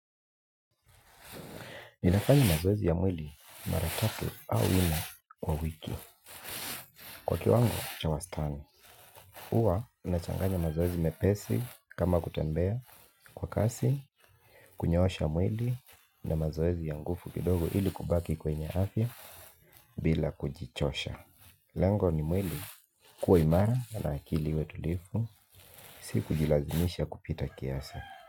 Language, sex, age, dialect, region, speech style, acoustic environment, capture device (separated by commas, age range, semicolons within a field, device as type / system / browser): Swahili, male, 35-44, Kenya - Nairobi Sheng-influenced, Kenya, relaxed; focused, nature, mobile / Linux / Chrome